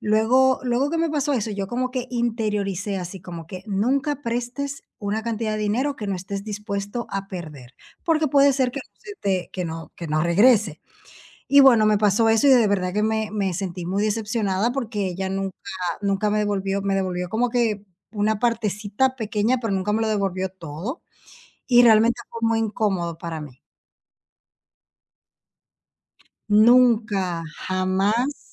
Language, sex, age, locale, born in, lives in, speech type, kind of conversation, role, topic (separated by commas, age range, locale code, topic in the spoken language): Spanish, female, 30-34, es-DO, Dominican Republic, Portugal, conversation, advice, user, ¿Qué puedo hacer si presté dinero y no me lo devuelven?